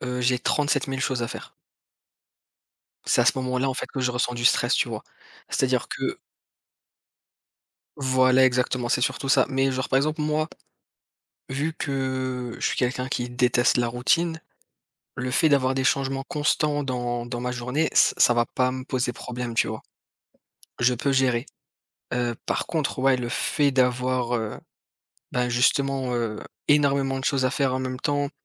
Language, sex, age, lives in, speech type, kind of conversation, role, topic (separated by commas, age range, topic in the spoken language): French, male, 30-34, France, conversation, unstructured, none, Comment gères-tu le stress lorsqu’il survient ?